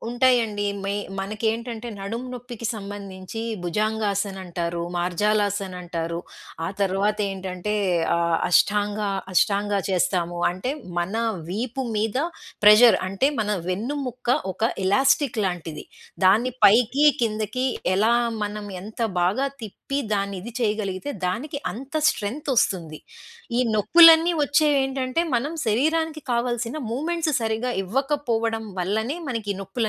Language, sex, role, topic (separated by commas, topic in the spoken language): Telugu, female, guest, శరీరం ఇచ్చే చిన్న సంకేతాలను పట్టించుకోకుండా వదిలేసినప్పుడు మీకు ఎదురైన అనుభవం ఏమిటో చెప్పగలరా?